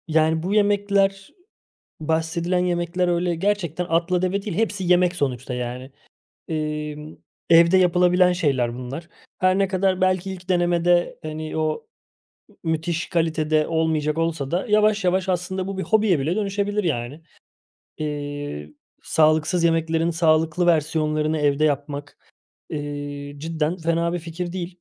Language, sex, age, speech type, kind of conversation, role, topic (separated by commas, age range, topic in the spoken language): Turkish, male, 30-34, conversation, advice, user, Sağlıksız beslenme ve hareketsizlik alışkanlıklarımı neden kıramıyorum?